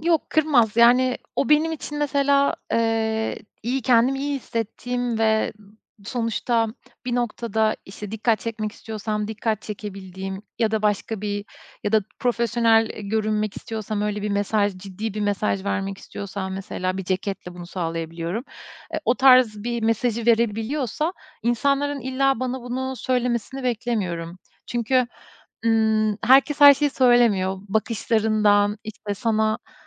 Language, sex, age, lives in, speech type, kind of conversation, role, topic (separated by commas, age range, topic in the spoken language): Turkish, female, 35-39, Estonia, conversation, podcast, guest, Özgüvenini artıran bir kıyafetin ya da ritüelin var mı?